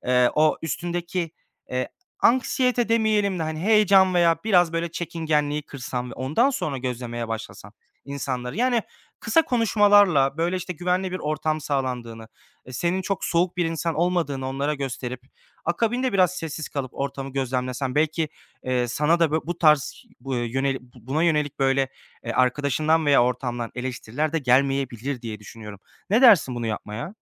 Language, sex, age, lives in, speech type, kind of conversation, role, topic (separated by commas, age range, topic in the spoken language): Turkish, male, 25-29, Germany, conversation, advice, advisor, Yeni insanlarla tanışırken neden bu kadar gergin hissediyorum ve bu korkuyu nasıl aşabilirim?